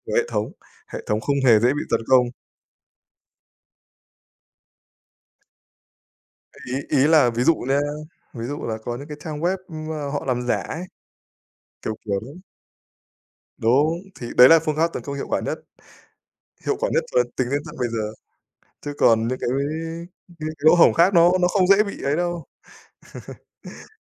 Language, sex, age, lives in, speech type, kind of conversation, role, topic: Vietnamese, male, 25-29, Vietnam, conversation, unstructured, none, Kỷ niệm nào trong cuộc sống đã thay đổi bạn nhiều nhất?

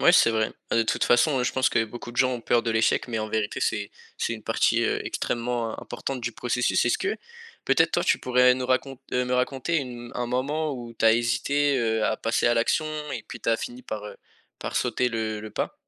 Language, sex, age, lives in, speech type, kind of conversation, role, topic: French, male, 18-19, France, conversation, podcast, host, Quel conseil donnerais-tu à quelqu’un qui veut créer, mais qui hésite ?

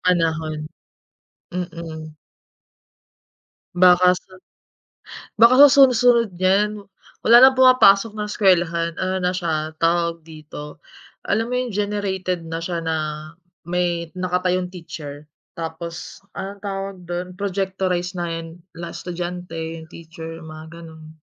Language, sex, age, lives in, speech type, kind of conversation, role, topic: Filipino, female, 30-34, Philippines, conversation, unstructured, none, Paano mo ginagamit ang teknolohiya sa araw-araw mong pag-aaral, at ano ang palagay mo sa paggamit ng internet at midyang panlipunan para sa edukasyon?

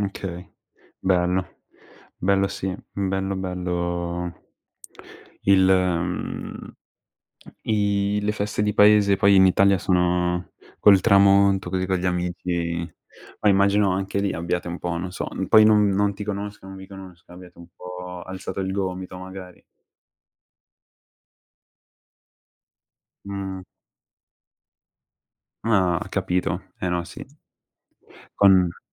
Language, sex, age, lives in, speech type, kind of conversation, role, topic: Italian, male, 18-19, Italy, conversation, unstructured, none, Qual è il tuo miglior ricordo con i tuoi amici?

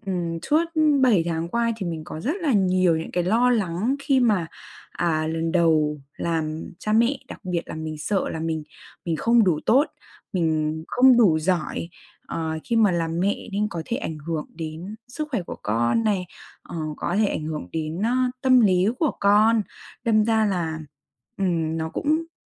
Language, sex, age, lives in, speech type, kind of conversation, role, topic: Vietnamese, female, 20-24, Vietnam, conversation, advice, user, Làm sao để bớt lo lắng mình không đủ tốt khi làm cha mẹ vì sợ mắc sai lầm?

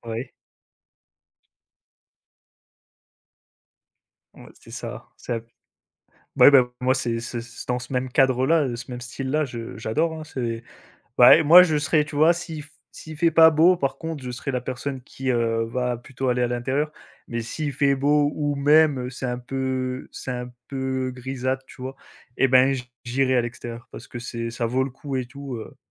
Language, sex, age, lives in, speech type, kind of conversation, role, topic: French, male, 25-29, France, conversation, unstructured, none, Qu’est-ce que tu aimes dans les repas partagés avec d’autres personnes ?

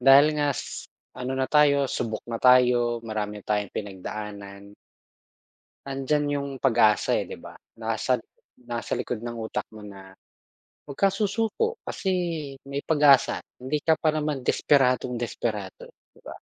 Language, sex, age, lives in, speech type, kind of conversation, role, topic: Filipino, male, 40-44, Philippines, conversation, unstructured, none, Paano mo nilalampasan ang mga malulungkot na araw sa buhay?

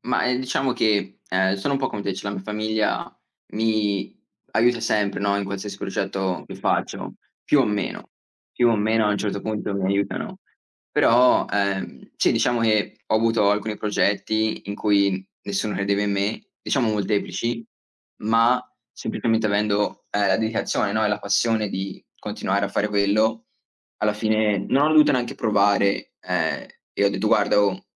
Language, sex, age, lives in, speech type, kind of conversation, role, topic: Italian, male, 20-24, United States, conversation, unstructured, none, Come fai a convincere gli altri a credere nei tuoi progetti?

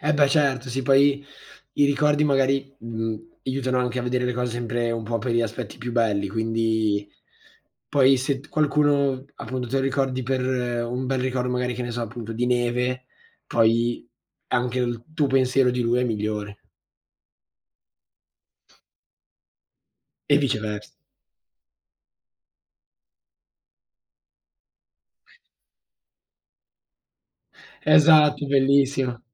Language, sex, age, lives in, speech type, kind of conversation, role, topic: Italian, male, 18-19, Italy, conversation, unstructured, none, Qual è il ricordo più felice della tua infanzia?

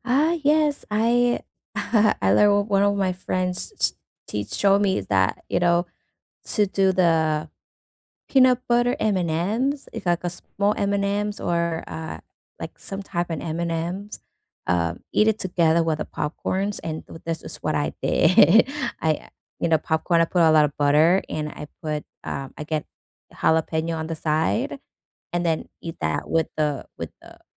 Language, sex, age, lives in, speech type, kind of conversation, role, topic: English, female, 35-39, United States, conversation, unstructured, none, What are your favorite theater snack combinations for movies or live shows?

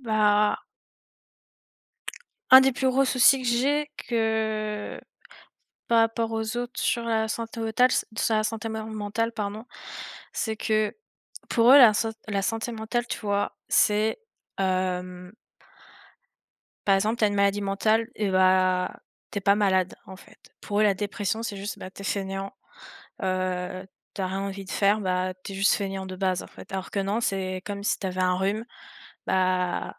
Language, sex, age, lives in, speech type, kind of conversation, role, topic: French, female, 20-24, France, conversation, unstructured, none, Qu’aimerais-tu que les autres comprennent mieux au sujet de la santé mentale ?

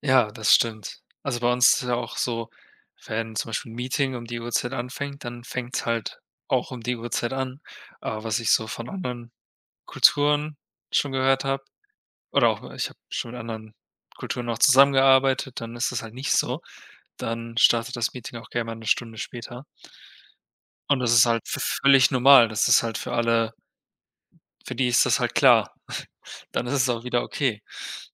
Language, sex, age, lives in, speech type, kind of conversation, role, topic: German, male, 25-29, Germany, conversation, unstructured, none, Was hältst du von Menschen, die ständig zu spät kommen?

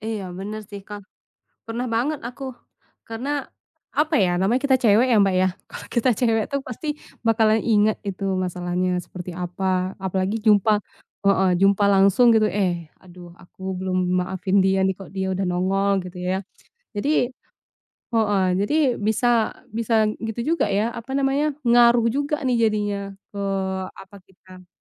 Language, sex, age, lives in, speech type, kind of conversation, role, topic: Indonesian, female, 25-29, Indonesia, conversation, unstructured, none, Mengapa sulit memaafkan orang yang telah menyakiti Anda?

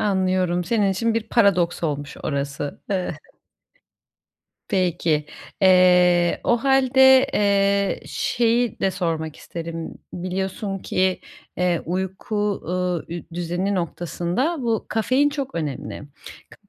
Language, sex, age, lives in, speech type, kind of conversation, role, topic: Turkish, female, 30-34, Germany, conversation, advice, advisor, Düzenli bir uyku saati ve yatmadan önce rahatlatıcı bir ritüel nasıl oluşturabilirim?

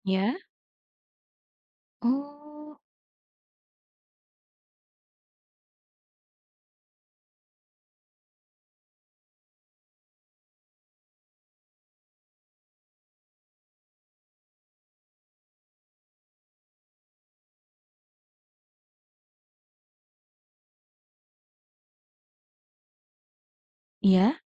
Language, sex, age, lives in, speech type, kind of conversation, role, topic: Indonesian, female, 35-39, Indonesia, conversation, podcast, host, Hobi apa yang paling kamu suka saat ini?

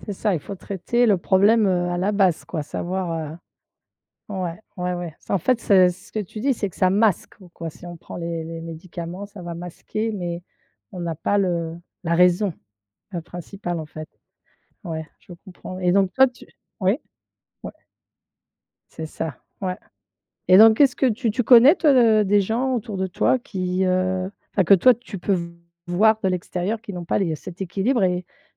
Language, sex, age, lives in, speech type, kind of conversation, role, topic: French, female, 50-54, France, conversation, podcast, host, Comment gères-tu l’équilibre entre vie professionnelle et vie personnelle ?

